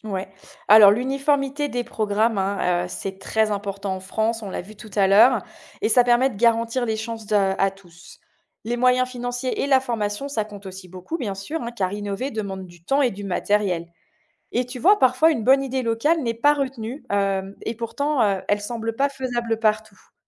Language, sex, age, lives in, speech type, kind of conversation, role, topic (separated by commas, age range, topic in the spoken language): French, female, 45-49, France, read, expert-assistant, expert, Comment peut-on apprendre pourquoi certaines matières sont obligatoires à l’école et d’autres non ?